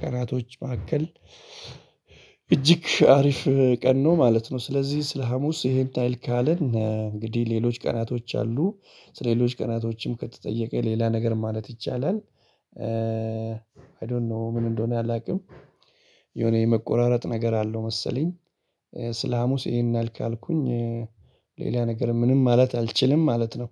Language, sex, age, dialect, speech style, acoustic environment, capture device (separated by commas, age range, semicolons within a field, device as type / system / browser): Amharic, male, 35-44, Ethiopia - Addis Ababa, excited; focused, people talking; appliances, mobile / Linux / Chrome